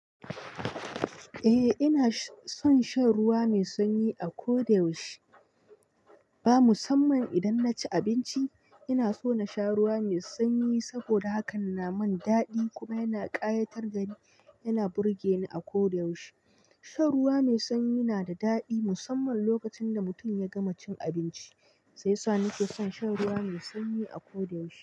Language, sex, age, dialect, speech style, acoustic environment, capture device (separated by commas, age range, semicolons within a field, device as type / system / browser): Hausa, male, 25-34, Nigeria - Nigerian Standard, tired, nature, mobile / Linux / Chrome